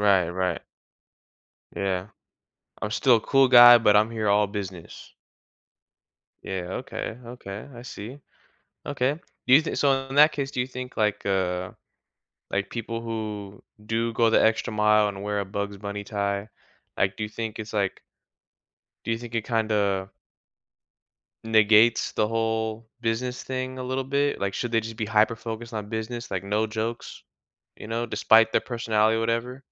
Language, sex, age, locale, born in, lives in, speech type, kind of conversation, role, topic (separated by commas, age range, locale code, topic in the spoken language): English, male, 20-24, en-US, United States, United States, conversation, podcast, host, In what ways does fashion allow people to communicate who they are without words?